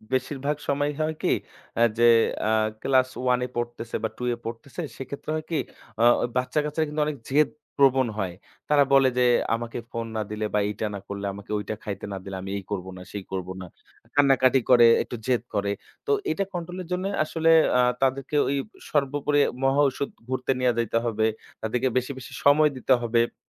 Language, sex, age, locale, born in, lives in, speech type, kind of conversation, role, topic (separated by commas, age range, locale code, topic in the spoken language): Bengali, male, 25-29, bn-BD, Bangladesh, Bangladesh, conversation, podcast, guest, আপনি আপনার বাচ্চাদের পর্দায় কাটানো সময় কীভাবে নিয়ন্ত্রণ করেন?